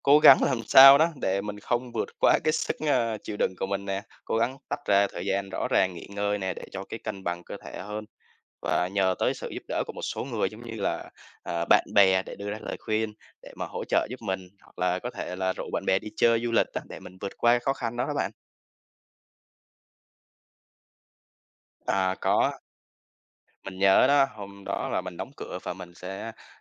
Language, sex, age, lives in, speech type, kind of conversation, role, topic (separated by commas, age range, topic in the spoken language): Vietnamese, male, 25-29, Vietnam, conversation, podcast, guest, Bạn có thể kể về lần bạn đã vượt qua một khó khăn lớn không?